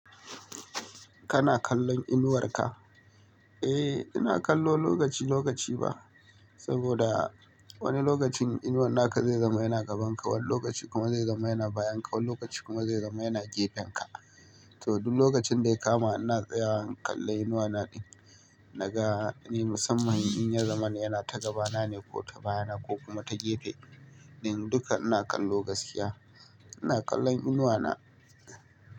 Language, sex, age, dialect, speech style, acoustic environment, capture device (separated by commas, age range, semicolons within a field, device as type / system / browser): Hausa, male, 25-34, Nigeria - Kano, nervous, nature; appliances, mobile / Linux / Chrome